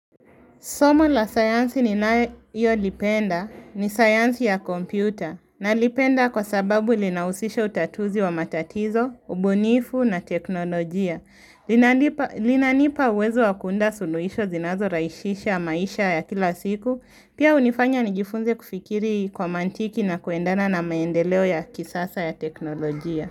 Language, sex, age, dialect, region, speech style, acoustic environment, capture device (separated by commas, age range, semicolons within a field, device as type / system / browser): Swahili, female, 18-24, Kenya - Nairobi Sheng-influenced, Kenya, focused, silence, mobile / Linux / Chrome